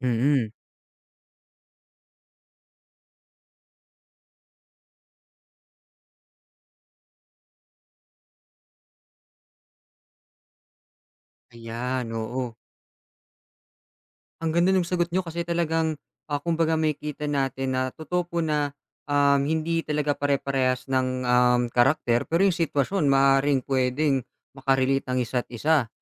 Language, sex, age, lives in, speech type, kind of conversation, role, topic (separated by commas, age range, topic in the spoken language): Filipino, male, 25-29, Philippines, conversation, podcast, host, Bakit tayo naaaliw sa mga kuwento sa pelikula at serye?